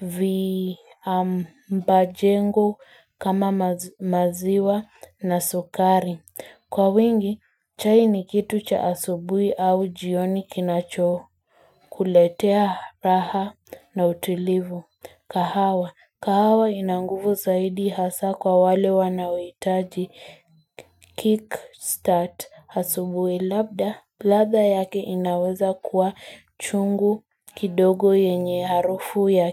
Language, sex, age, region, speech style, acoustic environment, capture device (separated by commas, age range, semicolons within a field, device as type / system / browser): Swahili, female, 18-24, Kenya, excited; focused, nature, mobile / Linux / Chrome